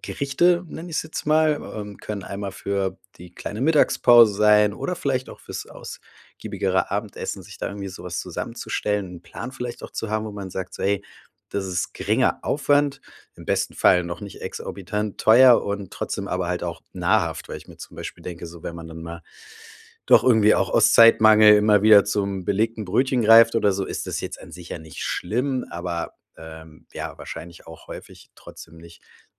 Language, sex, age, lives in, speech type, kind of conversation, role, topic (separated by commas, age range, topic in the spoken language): German, male, 35-39, Germany, conversation, advice, user, Wie kann ich schnell nahrhafte Gerichte mit minimalem Aufwand planen und zubereiten?